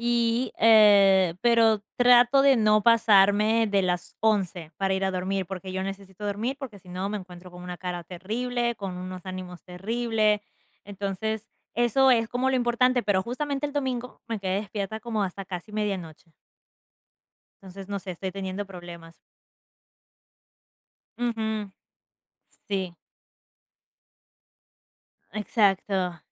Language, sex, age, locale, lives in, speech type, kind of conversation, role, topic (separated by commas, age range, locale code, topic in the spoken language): Spanish, female, 20-24, es-EC, United States, conversation, advice, user, ¿Cómo puedo retomar mis hábitos después de un tropiezo?